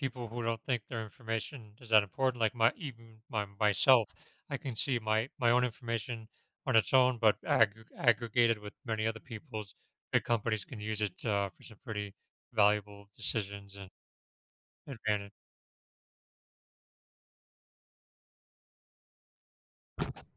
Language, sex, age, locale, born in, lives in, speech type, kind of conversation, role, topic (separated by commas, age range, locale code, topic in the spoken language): English, male, 35-39, en-US, United States, United States, conversation, podcast, guest, Why is protecting your personal information online more important than ever?